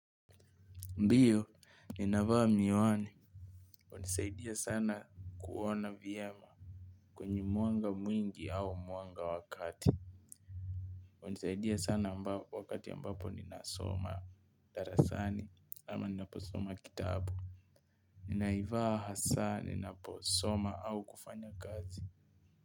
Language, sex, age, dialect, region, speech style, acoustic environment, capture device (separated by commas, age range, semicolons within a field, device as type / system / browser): Swahili, male, 18-24, Kenya - Nairobi Sheng-influenced, Kenya, focused, appliances, mobile / Linux / Chrome